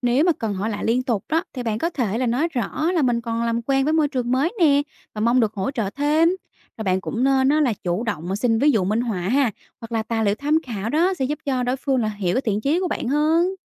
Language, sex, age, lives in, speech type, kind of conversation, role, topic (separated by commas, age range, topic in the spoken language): Vietnamese, female, 30-34, Vietnam, read, expert-assistant, expert, Bạn đã từng nghe về 'vùng an toàn' chưa? Thuật ngữ này thực sự ám chỉ điều gì trong quá trình phát triển bản thân?